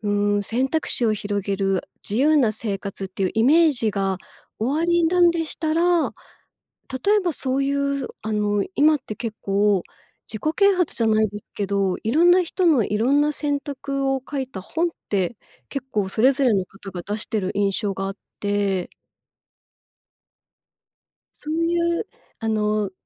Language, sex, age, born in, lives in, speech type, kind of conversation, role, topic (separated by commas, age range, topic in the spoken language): Japanese, female, 35-39, Japan, Japan, conversation, advice, advisor, どの習慣から始めればいいのか分かりません。何から習慣化すればよいですか？